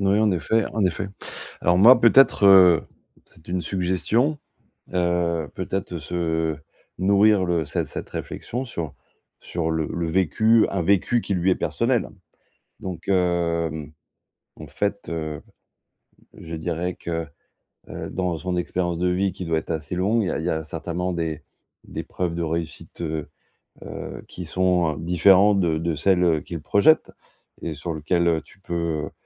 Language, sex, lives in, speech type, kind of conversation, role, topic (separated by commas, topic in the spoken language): French, male, France, conversation, advice, advisor, Comment puis-je arrêter de me comparer aux autres dans ma carrière ?